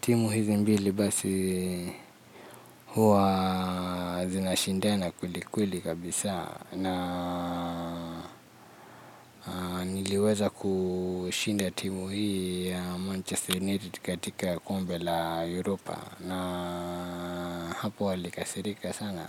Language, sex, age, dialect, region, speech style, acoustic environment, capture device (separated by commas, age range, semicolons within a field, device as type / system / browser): Swahili, male, 25-34, Kenya - Nairobi Sheng-influenced, Kenya, relaxed; anxious, nature, mobile / Linux / Chrome